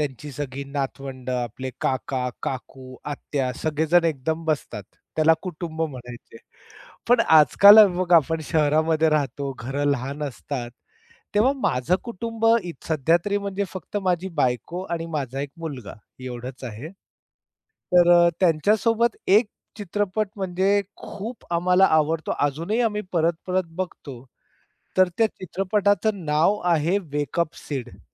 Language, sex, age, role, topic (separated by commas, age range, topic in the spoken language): Marathi, male, 45-49, guest, कुटुंबासोबत पाहिलेला तुमचा आवडता चित्रपट कोणता आहे?